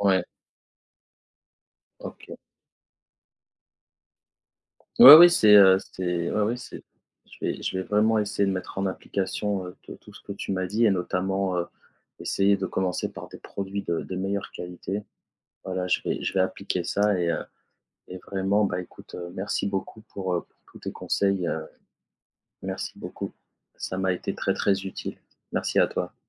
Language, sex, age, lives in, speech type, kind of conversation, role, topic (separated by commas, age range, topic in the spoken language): French, male, 35-39, France, conversation, advice, user, Comment arrêter de manger impulsivement malgré ma volonté de changer mon alimentation ?